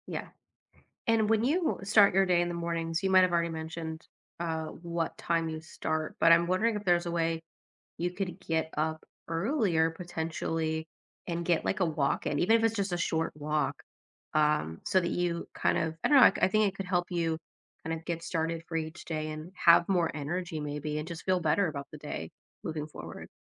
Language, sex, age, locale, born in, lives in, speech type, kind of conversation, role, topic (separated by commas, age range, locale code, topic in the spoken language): English, female, 30-34, en-US, United States, United States, conversation, advice, advisor, How can I add small, stress-free spontaneity to my day?